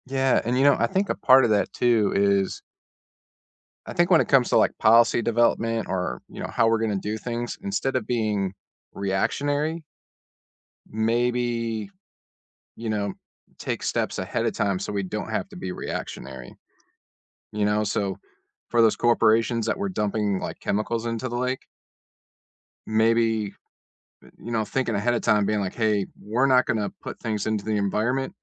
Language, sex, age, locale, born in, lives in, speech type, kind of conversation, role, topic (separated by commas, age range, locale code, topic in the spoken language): English, male, 35-39, en-US, United States, United States, conversation, unstructured, none, How do everyday choices and community policies shape how you care for the environment?